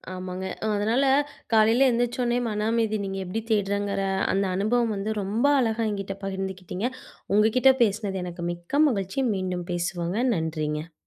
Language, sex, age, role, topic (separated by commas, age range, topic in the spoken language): Tamil, female, 25-29, host, காலை எழுந்தவுடன் மன அமைதிக்காக நீங்கள் என்ன செய்கிறீர்கள்?